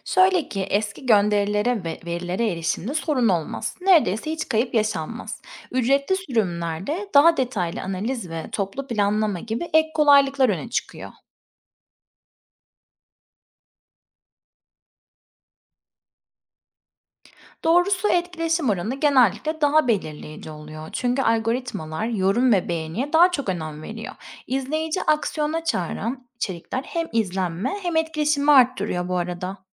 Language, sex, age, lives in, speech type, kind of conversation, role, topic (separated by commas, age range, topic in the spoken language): Turkish, female, 30-34, Spain, read, expert-assistant, expert, Birden fazla sosyal medya hesabında içerik paylaşırken işleri nasıl daha kolay yönetebilirim?